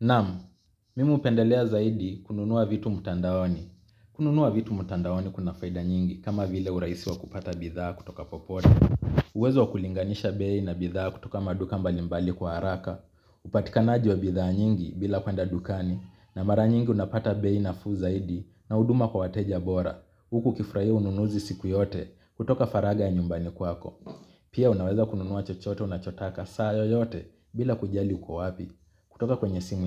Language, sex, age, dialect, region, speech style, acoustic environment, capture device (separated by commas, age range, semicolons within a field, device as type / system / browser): Swahili, male, 25-34, Kenya - Mombasa, Kenya, relaxed; focused, nature; people talking, mobile / Linux / Chrome